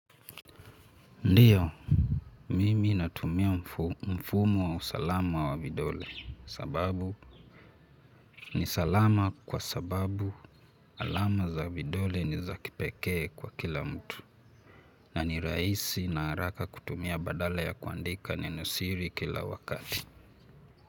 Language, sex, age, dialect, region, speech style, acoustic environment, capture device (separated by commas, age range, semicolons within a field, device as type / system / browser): Swahili, male, 18-24, Kenya - Nairobi Sheng-influenced, Kenya, happy; relaxed; focused, nature; silence, mobile / Linux / Chrome